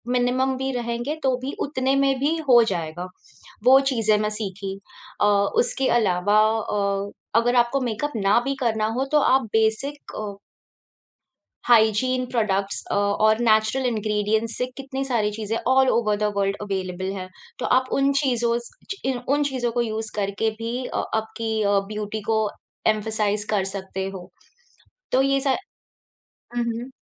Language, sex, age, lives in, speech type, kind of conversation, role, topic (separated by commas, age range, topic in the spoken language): Hindi, female, 30-34, India, conversation, podcast, guest, Instagram aur reels tumhare style choices ko kaise shape karti hain?